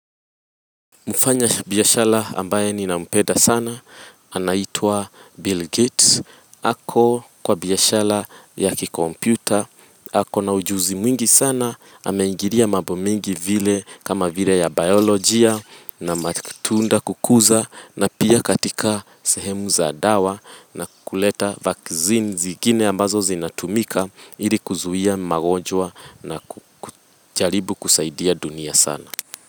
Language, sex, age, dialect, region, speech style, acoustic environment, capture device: Swahili, female, 25-34, Kenya - Nairobi Sheng-influenced, Kenya, happy, people talking; music; nature, mobile / Linux / Chrome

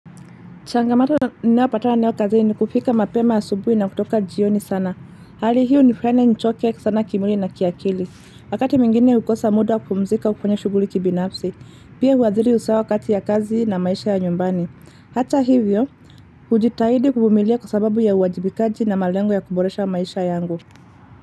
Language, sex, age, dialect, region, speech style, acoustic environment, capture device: Swahili, female, 25-34, Kenya - Nairobi Sheng-influenced, Kenya, relaxed, traffic, mobile / Linux / Chrome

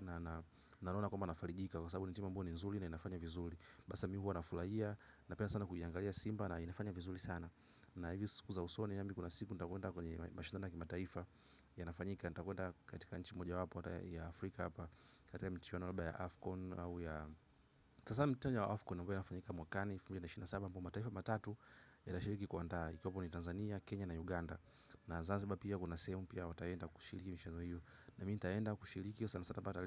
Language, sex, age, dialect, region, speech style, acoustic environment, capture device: Swahili, male, 25-34, Tanzania - Dar es Salaam, Tanzania, happy; relaxed; focused, nature, mobile / macOS / Safari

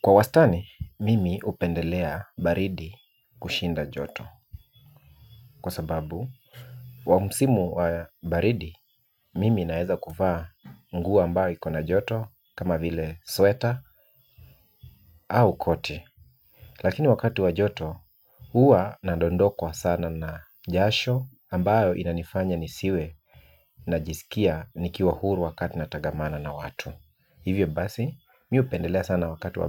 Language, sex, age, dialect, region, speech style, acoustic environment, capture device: Swahili, male, 35-44, Kenya - Nairobi Sheng-influenced, Kenya, relaxed, nature, mobile / Linux / Chrome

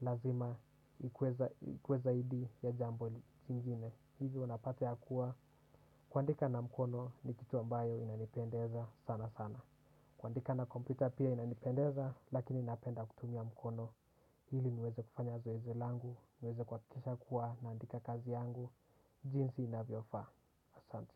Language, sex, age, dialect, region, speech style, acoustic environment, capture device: Swahili, male, 18-24, Kenya - Nairobi Sheng-influenced, Kenya, relaxed; focused, silence, desktop / Windows / Chrome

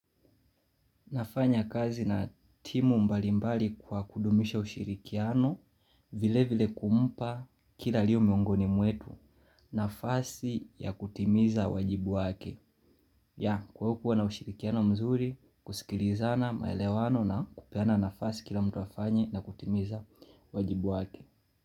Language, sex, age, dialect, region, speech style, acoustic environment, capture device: Swahili, male, 25-34, Kenya - Mombasa, Kenya, relaxed, people talking, mobile / Linux / Chrome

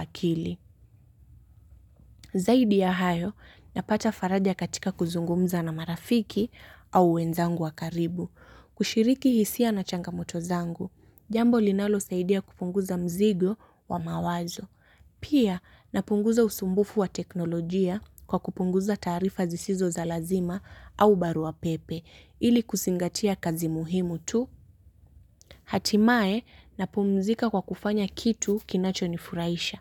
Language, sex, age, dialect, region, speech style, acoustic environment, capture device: Swahili, female, 18-24, Kenya - Mombasa, Kenya, relaxed, nature, mobile / Linux / Chrome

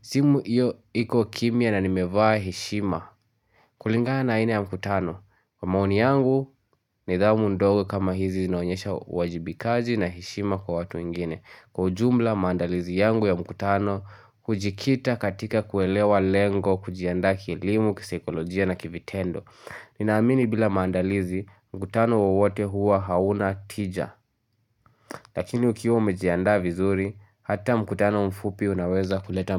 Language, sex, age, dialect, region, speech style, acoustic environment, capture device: Swahili, male, 18-24, Kenya - Nairobi Sheng-influenced, Kenya, focused, nature, mobile / Linux / Chrome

